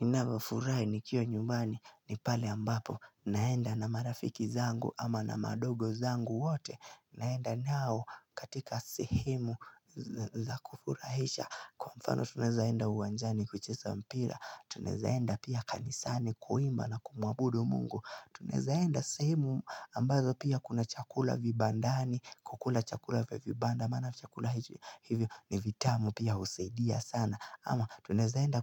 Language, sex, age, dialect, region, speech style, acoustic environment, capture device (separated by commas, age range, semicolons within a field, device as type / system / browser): Swahili, male, 18-24, Kenya - Nairobi Sheng-influenced, Kenya, relaxed, nature, mobile / Linux / Chrome